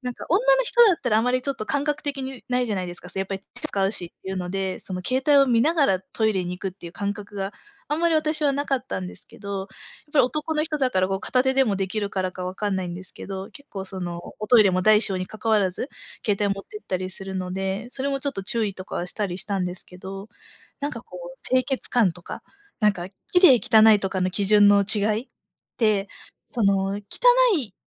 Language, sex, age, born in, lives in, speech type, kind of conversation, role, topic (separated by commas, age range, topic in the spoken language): Japanese, female, 25-29, Japan, Japan, conversation, advice, user, 同棲や結婚の前に、生活習慣や家事の分担について不安があるのですが、どうすればよいですか？